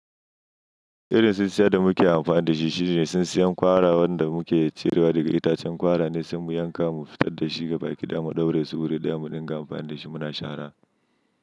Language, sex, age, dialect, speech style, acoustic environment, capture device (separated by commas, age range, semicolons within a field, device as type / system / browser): Hausa, male, 25-34, Nigeria - Nigerian Standard, happy, nature; silence, mobile / Linux / Chrome